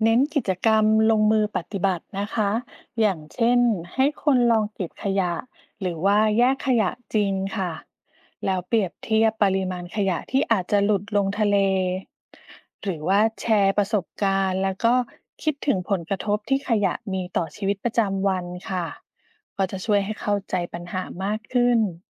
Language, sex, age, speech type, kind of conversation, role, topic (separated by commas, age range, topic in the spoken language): Thai, female, 40-44, read, expert-assistant, expert, จะมีวิธีใดบ้างในการแก้ปัญหาขยะพลาสติกในทะเลเพื่อป้องกันอันตรายต่อสัตว์ทะเล?